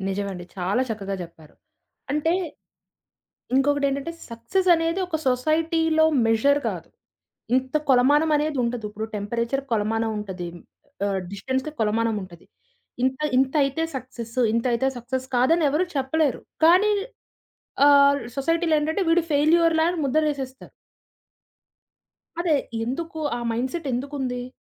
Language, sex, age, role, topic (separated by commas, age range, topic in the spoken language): Telugu, female, 20-24, host, సక్సెస్ గురించి మీ వ్యక్తిగత నిర్వచనం ఏమిటి?